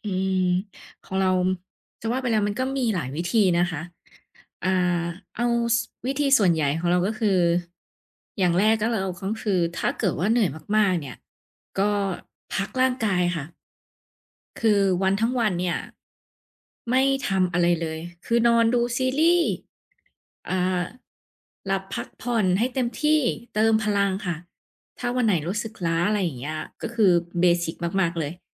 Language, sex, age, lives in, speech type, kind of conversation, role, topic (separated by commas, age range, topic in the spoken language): Thai, female, 30-34, Thailand, conversation, podcast, guest, คุณมีวิธีพักผ่อนยังไงให้รู้สึกเต็มพลังบ้าง?